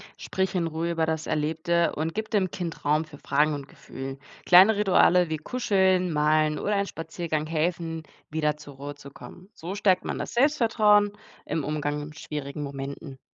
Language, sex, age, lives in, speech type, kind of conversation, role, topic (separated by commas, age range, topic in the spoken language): German, female, 20-24, Germany, read, expert-assistant, expert, Wie kann ich lernen, in Notfällen den Überblick zu behalten?